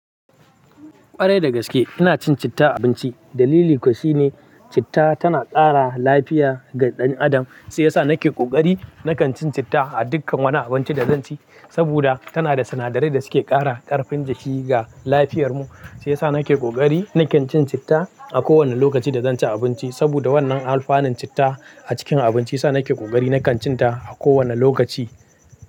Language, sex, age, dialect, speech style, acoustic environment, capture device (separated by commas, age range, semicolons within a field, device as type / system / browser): Hausa, male, 18-24, Nigeria - Kano, happy, nature; silence, mobile / Linux / Chrome